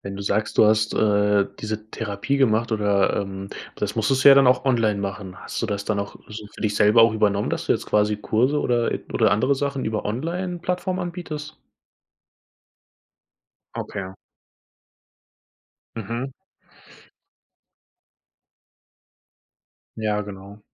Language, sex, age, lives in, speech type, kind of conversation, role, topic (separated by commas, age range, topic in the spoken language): German, male, 20-24, Germany, conversation, podcast, host, Wann hast du dich zuletzt neu erfunden, und wie ist das abgelaufen?